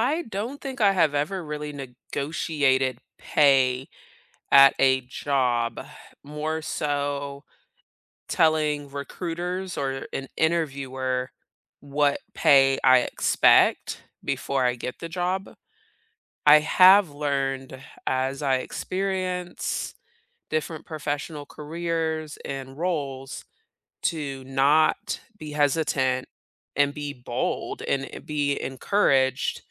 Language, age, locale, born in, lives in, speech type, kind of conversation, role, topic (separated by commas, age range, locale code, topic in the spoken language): English, 30-34, en-US, South Korea, United States, conversation, unstructured, none, How do you determine your value and communicate it when negotiating pay and raises?